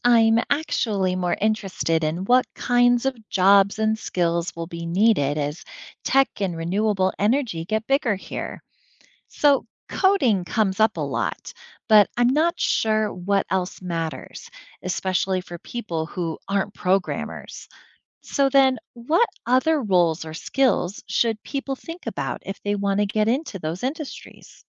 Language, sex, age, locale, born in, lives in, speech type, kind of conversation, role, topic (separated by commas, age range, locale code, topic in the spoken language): English, female, 40-44, en-US, United States, United States, read, expert-assistant, asker, How can small countries protect their economies from global financial crises?